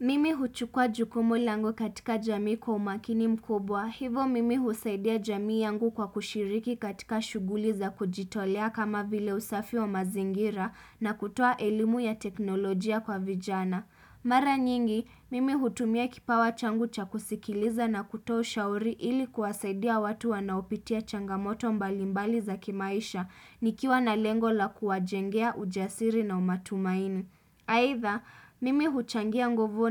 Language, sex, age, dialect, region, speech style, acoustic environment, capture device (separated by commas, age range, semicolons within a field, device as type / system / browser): Swahili, female, 25-34, Kenya - Mombasa, Kenya, happy; relaxed; excited; focused, silence, desktop / Windows / Chrome